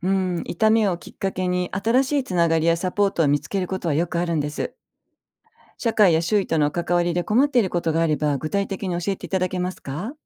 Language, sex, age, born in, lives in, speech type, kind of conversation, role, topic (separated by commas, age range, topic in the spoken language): Japanese, female, 55-59, Japan, Japan, read, expert-assistant, expert, 痛みはなぜ存在するのでしょうか？痛みの役割や意味についてあなたはどう考えますか？